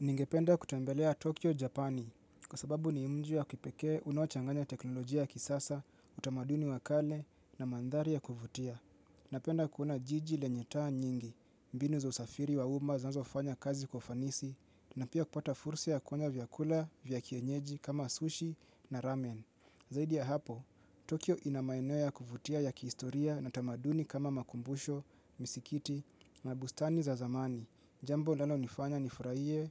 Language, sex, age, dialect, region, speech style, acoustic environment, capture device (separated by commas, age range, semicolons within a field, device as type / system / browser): Swahili, male, 25-34, Kenya - Nairobi Sheng-influenced, Kenya, relaxed; focused, people talking; appliances; music; silence, mobile / Linux / Chrome